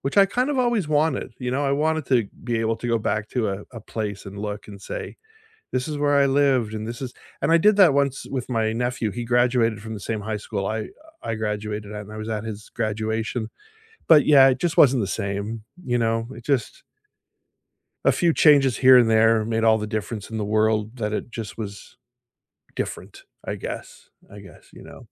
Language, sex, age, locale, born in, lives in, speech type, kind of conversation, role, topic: English, male, 55-59, en-CA, Canada, United States, conversation, unstructured, none, Which era of your life fills you with the most nostalgia, and what makes it meaningful to you?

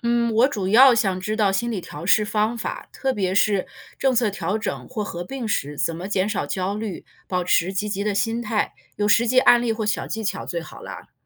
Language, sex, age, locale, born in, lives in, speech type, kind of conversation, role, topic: Chinese, female, 40-44, zh-CN, China, United States, read, expert-assistant, asker, 为什么有些人觉得公务员的工作很轻松，而有些人却说压力很大？实际情况到底如何？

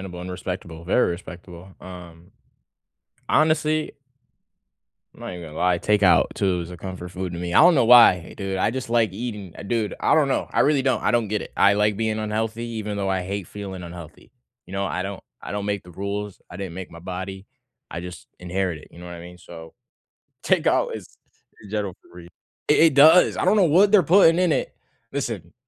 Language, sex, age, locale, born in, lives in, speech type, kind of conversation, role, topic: English, male, 18-19, en-US, United States, United States, conversation, unstructured, none, How do you decide where to order takeout from when everyone has a different comfort food favorite?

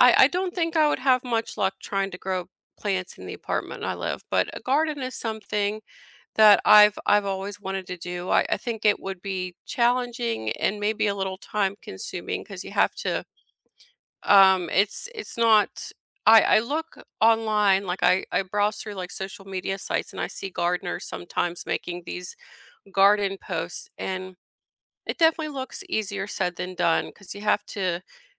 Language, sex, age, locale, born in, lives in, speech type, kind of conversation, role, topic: English, female, 40-44, en-US, United States, United States, conversation, unstructured, none, Which neighborhood place boosts your mood the most, and why?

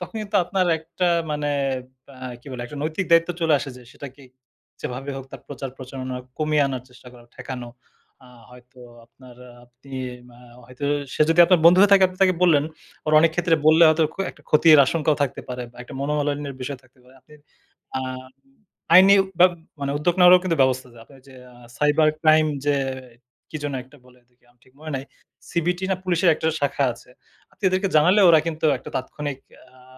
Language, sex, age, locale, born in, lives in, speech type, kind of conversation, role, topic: Bengali, male, 30-34, bn-BD, Bangladesh, Bangladesh, conversation, podcast, guest, ভুয়া খবর চিনতে আপনি কী কী নিয়ম মেনে চলেন?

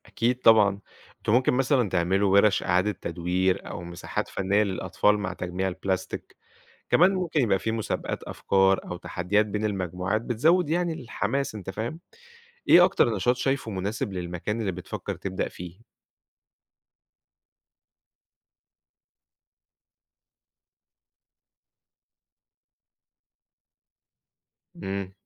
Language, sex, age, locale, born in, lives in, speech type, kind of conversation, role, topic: Arabic, male, 25-29, ar-EG, Egypt, Egypt, read, expert-assistant, expert, إيه الحلول المبتكرة اللي ممكن نعملها عشان نقلل تلوث البلاستيك في المحيطات والبحار؟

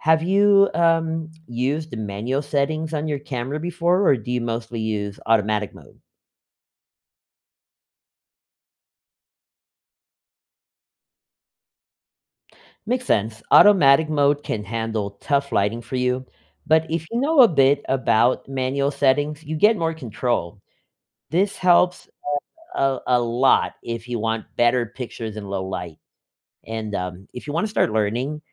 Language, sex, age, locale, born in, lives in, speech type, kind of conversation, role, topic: English, male, 35-39, en-US, United States, United States, read, expert-assistant, expert, How do camera settings affect the quality of photos in different lighting conditions?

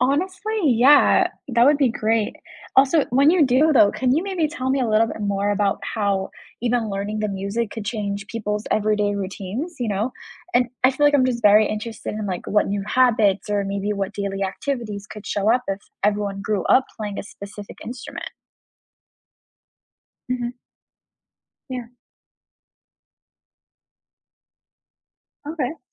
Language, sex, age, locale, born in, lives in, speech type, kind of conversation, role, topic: English, female, 20-24, en-US, United States, United States, read, expert-assistant, asker, Consider a society where everyone must learn a musical instrument.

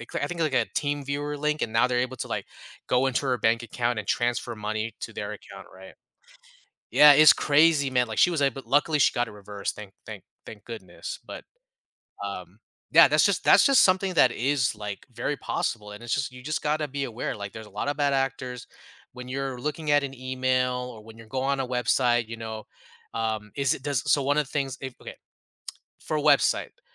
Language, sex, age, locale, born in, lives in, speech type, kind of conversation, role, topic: English, male, 35-39, en-US, United States, United States, conversation, podcast, guest, How has modern technology changed the way we think about and protect our personal privacy?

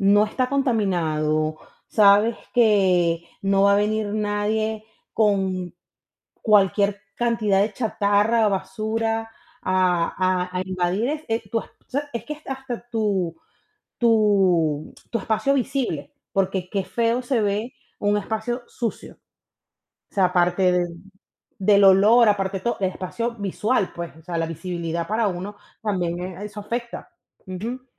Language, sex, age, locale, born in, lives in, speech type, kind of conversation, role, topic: Spanish, female, 35-39, es-VE, Venezuela, United States, conversation, unstructured, none, ¿Deberían las personas limpiar después de usar un espacio público?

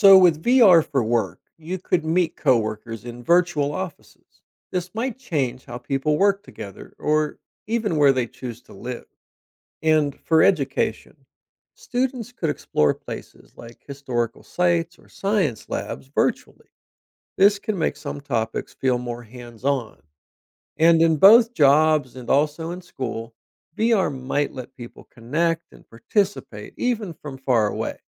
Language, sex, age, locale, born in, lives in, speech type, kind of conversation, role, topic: English, male, 50-54, en-US, United States, United States, read, expert-assistant, expert, What would happen if everyone had a VR headset?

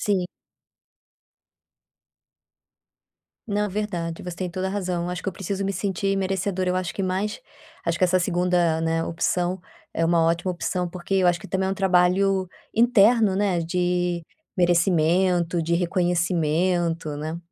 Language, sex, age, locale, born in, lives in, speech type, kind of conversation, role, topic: Portuguese, female, 30-34, pt-BR, Brazil, Spain, conversation, advice, user, Como posso mudar meus hábitos de consumo sem me sentir culpado?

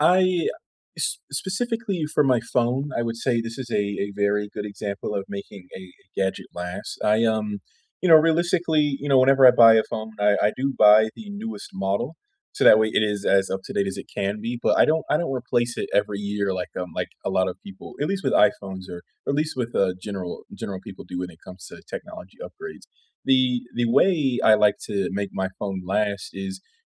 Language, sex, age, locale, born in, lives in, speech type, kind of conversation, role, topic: English, male, 25-29, en-US, United States, United States, conversation, unstructured, none, How do you make your gadgets last longer, and how do right-to-repair laws affect your choices?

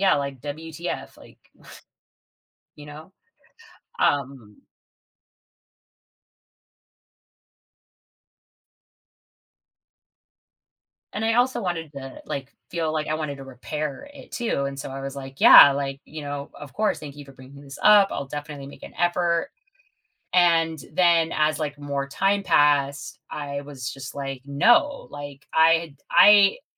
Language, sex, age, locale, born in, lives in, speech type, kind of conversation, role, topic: English, female, 40-44, en-US, United States, United States, conversation, advice, user, How can I address a disagreement with a close friend without damaging our relationship?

